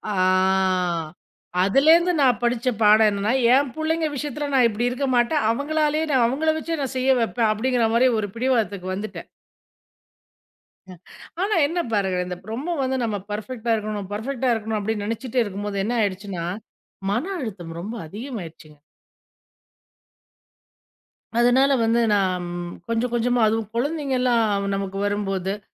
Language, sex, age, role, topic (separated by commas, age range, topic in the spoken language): Tamil, female, 40-44, guest, பெர்ஃபெக்ஷனிசத்தை கடந்து வர என்ன செய்கிறீர்கள்?